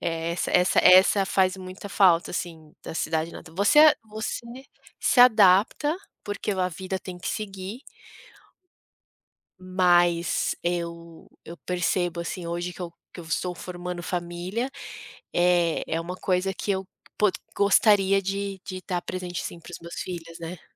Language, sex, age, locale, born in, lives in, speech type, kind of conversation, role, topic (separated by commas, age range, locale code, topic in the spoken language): Portuguese, female, 35-39, pt-BR, Brazil, United States, conversation, podcast, guest, Como sua cidade natal influenciou quem você é hoje?